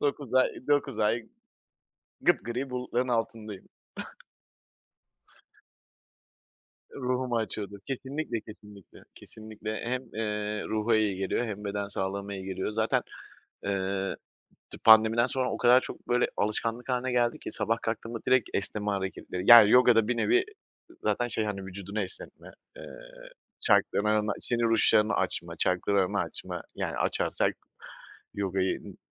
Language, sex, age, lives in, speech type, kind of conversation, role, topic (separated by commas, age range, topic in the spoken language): Turkish, male, 30-34, Poland, conversation, podcast, guest, Egzersizi günlük rutinin bir parçası haline getirmenin yolları nelerdir?